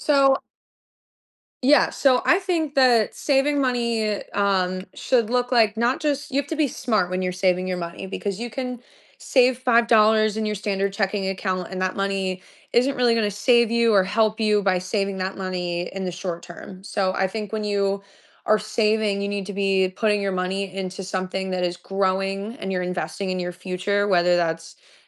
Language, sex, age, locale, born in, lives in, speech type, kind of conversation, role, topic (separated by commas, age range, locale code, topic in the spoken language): English, female, 25-29, en-US, United States, United States, conversation, podcast, guest, What simple changes can help people build better money habits over time?